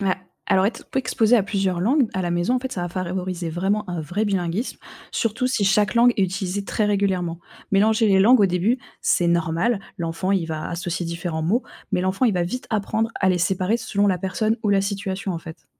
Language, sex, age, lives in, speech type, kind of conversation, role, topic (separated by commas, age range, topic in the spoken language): French, female, 25-29, France, read, expert-assistant, expert, Comment les enfants apprennent-ils leur langue maternelle et deviennent-ils bilingues ?